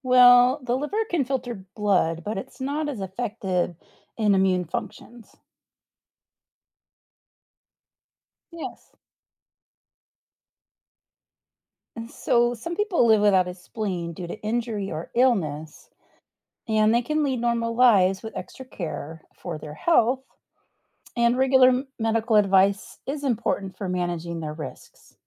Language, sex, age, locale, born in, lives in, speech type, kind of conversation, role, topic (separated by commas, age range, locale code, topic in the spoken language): English, female, 45-49, en-US, United States, United States, read, expert-assistant, expert, What is the purpose of the spleen?